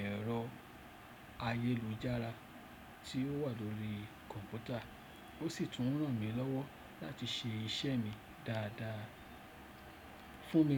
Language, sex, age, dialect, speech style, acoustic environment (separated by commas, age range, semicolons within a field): Yoruba, male, 35-44, Nigeria - Lagos Yoruba, happy, silence